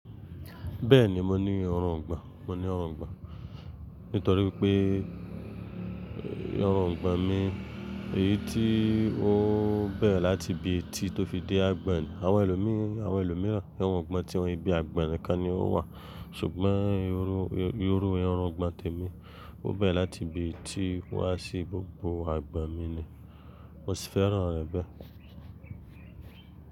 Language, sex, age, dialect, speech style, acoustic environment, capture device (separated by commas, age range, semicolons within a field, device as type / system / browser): Yoruba, male, 25-34, Nigeria - Lagos Yoruba, focused, silence, mobile / Linux / Chrome